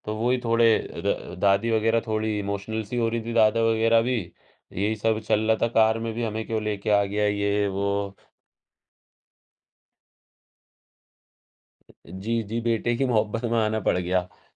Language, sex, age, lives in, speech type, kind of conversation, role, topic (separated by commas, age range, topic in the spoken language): Hindi, male, 18-19, India, conversation, podcast, guest, Aap apne दादा-दादी या नाना-नानी के दूसरे शहर या देश में बसने की कहानी कैसे बताएँगे?